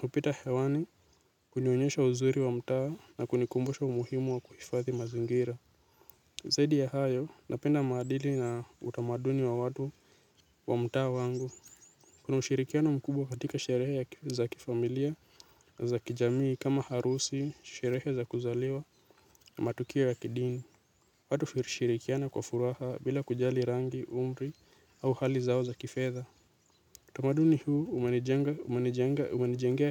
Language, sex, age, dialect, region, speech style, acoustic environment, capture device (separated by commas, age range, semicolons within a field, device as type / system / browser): Swahili, male, 18-24, Kenya - Nairobi Sheng-influenced, Kenya, focused; nervous; anxious, nature; people talking, mobile / Linux / Chrome